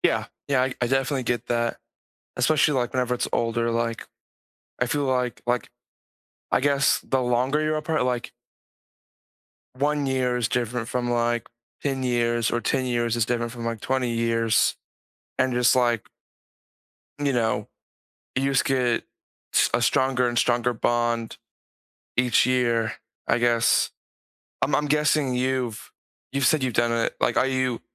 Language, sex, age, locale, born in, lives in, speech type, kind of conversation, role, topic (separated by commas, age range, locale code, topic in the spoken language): English, male, 18-19, en-US, United States, United States, conversation, unstructured, none, How can you balance personal space and shared time to strengthen your connection?